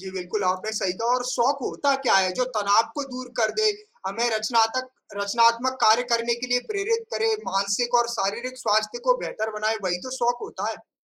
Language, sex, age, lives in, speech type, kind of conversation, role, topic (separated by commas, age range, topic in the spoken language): Hindi, male, 35-39, India, conversation, unstructured, none, क्या आप तकनीक के बिना अपने शौक पूरे कर सकते हैं?